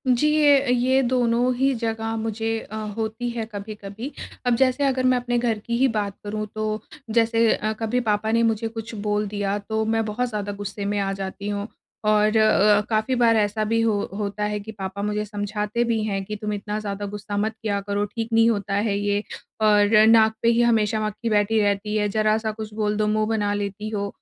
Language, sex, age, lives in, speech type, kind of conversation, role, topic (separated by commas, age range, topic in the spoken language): Hindi, female, 30-34, India, conversation, advice, user, मैं आलोचना पर अपने गुस्से और घबराहट को कैसे नियंत्रित करूँ?